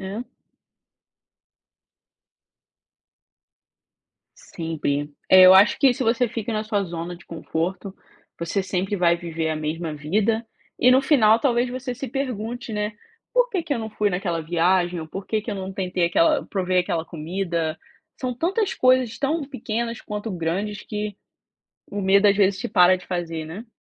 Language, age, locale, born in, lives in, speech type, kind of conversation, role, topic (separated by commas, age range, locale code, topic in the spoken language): Portuguese, 25-29, pt-BR, Brazil, United States, conversation, unstructured, none, Como você convenceria alguém a dar o primeiro passo, mesmo com medo?